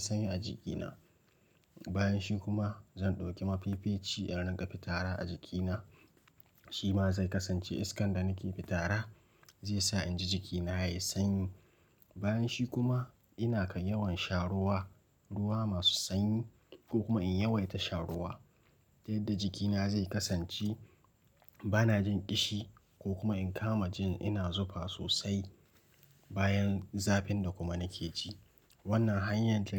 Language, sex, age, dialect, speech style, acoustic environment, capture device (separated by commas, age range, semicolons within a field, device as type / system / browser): Hausa, male, 18-24, Nigeria - Nigerian Standard, relaxed; focused, silence, mobile / Linux / Chrome